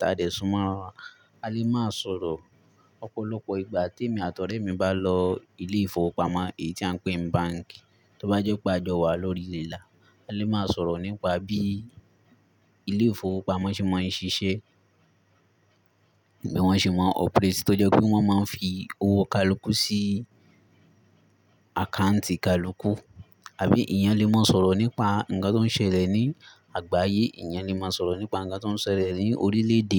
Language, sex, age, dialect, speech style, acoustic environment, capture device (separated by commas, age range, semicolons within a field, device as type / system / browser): Yoruba, male, 18-24, Nigeria - Ibadan, relaxed; excited, silence; nature, mobile / Linux / Chrome